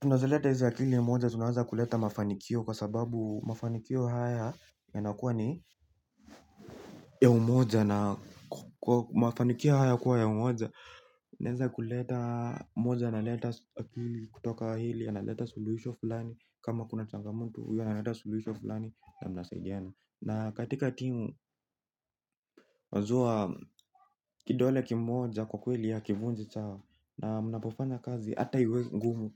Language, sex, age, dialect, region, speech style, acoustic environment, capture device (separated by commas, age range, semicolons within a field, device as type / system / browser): Swahili, male, 18-24, Kenya - Nairobi Sheng-influenced, Kenya, relaxed, people talking; music, mobile / Linux / Chrome